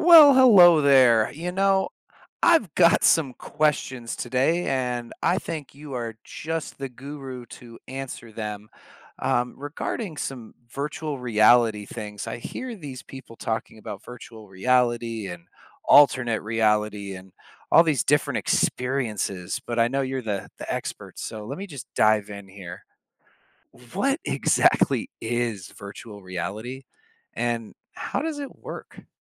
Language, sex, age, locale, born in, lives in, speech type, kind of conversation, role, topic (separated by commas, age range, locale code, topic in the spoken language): English, male, 30-34, en-US, United States, United States, read, expert-assistant, asker, What is virtual reality and how does it work?